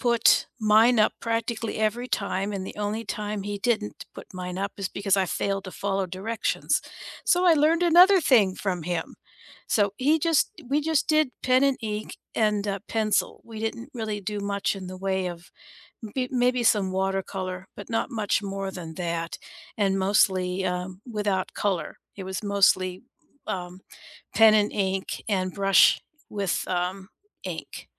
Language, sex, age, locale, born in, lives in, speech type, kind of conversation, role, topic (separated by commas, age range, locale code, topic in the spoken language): English, female, 60-64, en-US, United States, United States, conversation, unstructured, none, Which class was your favorite, and what people, moments, or lessons made it unforgettable for you?